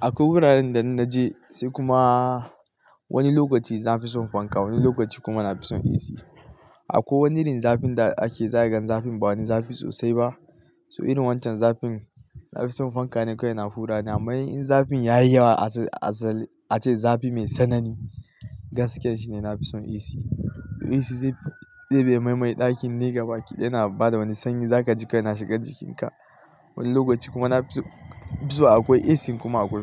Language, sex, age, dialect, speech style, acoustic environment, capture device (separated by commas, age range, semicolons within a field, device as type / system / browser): Hausa, male, 25-34, Nigeria - Katsina, happy, nature, mobile / Linux / Chrome